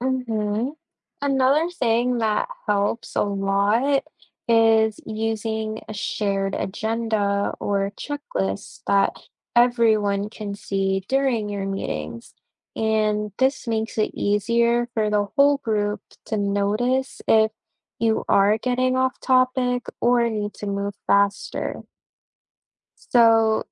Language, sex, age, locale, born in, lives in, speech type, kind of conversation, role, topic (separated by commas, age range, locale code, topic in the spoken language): English, female, 20-24, en-US, United States, United States, read, expert-assistant, expert, What are some strategies for managing time during group activities?